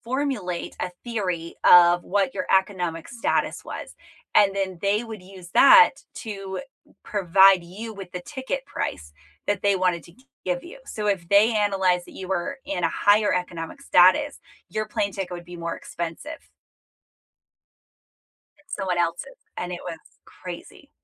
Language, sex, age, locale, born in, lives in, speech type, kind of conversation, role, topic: English, female, 35-39, en-US, United States, United States, conversation, unstructured, none, Do you think tech companies should be more honest with users?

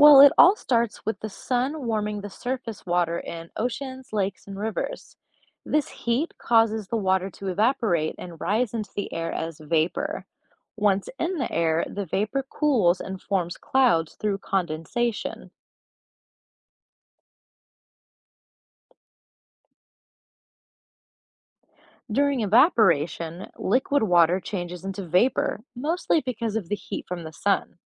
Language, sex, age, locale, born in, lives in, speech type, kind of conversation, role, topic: English, female, 25-29, en-US, United States, United States, read, expert-assistant, expert, What happens to a water drop in the water cycle?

